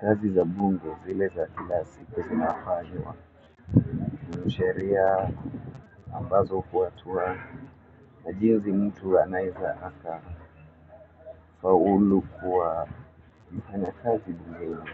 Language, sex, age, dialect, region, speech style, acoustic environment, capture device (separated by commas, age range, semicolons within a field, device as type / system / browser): Swahili, male, 25-34, Kenya - Nairobi Sheng-influenced, Kenya, focused, people talking; music; nature, mobile / Linux / Chrome